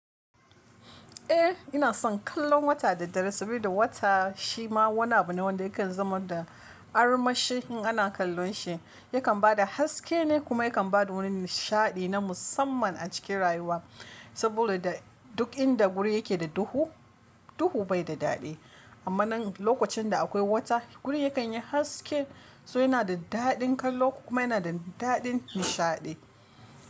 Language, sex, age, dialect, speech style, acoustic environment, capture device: Hausa, female, 25-34, Nigeria - Kano, relaxed; focused, nature; silence, mobile / Linux / Chrome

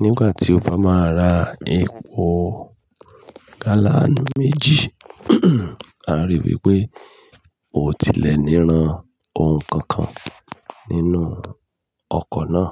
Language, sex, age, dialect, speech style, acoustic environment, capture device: Yoruba, male, 35-44, Nigeria - Ibadan, relaxed, people talking, mobile / Linux / Chrome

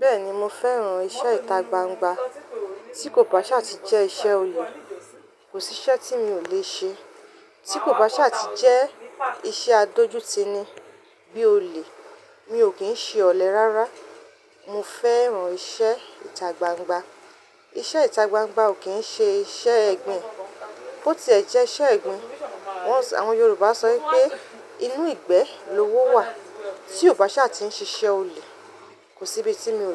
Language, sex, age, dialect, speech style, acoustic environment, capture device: Yoruba, female, 35-44, Nigeria - Ibadan, relaxed; focused, nature; silence, mobile / Linux / Chrome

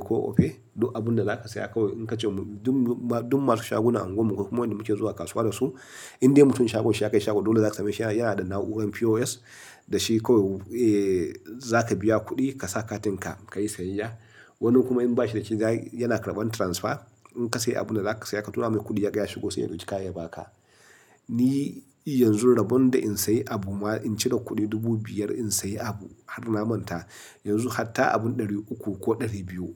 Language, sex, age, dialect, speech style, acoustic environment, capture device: Hausa, male, 35-44, Nigeria - Nigerian Standard, happy, silence, mobile / Linux / Chrome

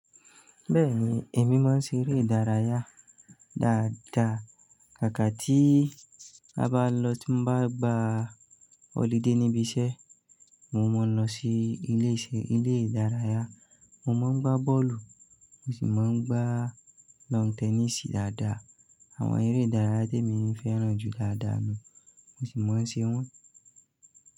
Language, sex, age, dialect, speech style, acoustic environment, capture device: Yoruba, male, 25-34, Nigeria - Nigerian Standard, focused, silence, mobile / Linux / Chrome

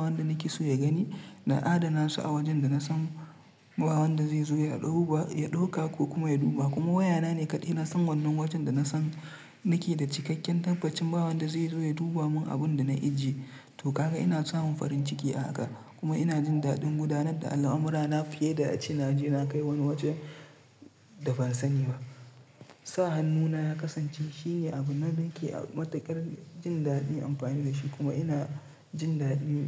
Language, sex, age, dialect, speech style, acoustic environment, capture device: Hausa, male, 25-34, Nigeria - Nigerian Standard, relaxed; tired; stressed; focused, silence; nature, mobile / Linux / Chrome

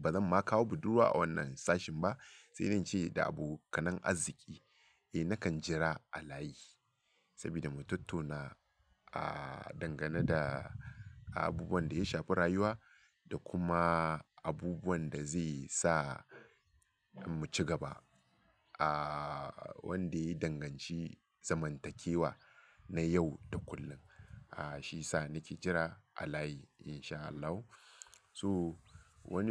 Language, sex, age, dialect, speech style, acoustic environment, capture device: Hausa, male, 35-44, Nigeria - Lagos Yoruba, focused, nature, mobile / Linux / Chrome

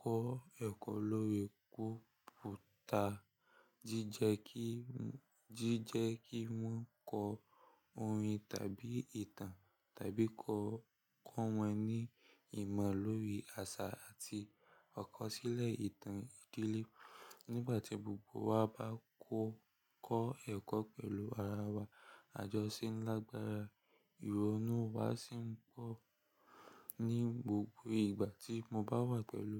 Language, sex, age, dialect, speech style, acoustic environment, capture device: Yoruba, male, 18-24, Nigeria - Lagos Yoruba, relaxed, silence; nature, mobile / Linux / Chrome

